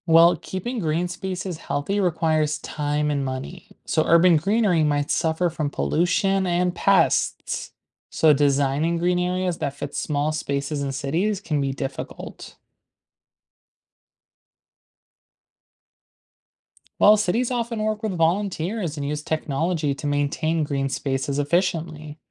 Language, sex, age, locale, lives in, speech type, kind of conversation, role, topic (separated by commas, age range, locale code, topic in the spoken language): English, male, 20-24, en-US, United States, read, expert-assistant, expert, What are the benefits of urban greenery in cities?